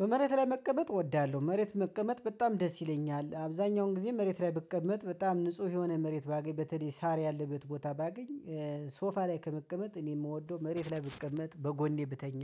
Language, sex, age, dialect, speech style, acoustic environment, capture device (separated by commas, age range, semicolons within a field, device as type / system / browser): Amharic, male, 25-34, Ethiopia - Gojjam, happy; excited, nature, mobile / Linux / Chrome